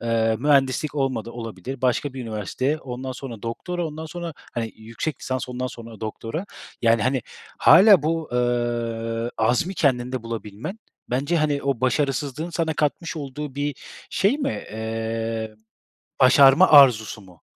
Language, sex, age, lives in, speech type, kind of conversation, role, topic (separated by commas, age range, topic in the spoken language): Turkish, male, 30-34, Germany, conversation, podcast, host, Başarısızlıklar öğrenme sürecini nasıl etkiledi?